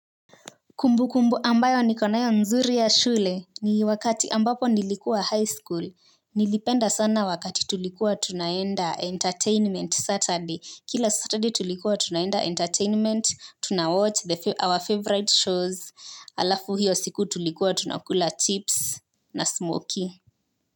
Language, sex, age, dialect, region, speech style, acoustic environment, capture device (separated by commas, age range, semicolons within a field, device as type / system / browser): Swahili, female, 18-24, Kenya - Nairobi Sheng-influenced, Kenya, focused, silence, mobile / Linux / Chrome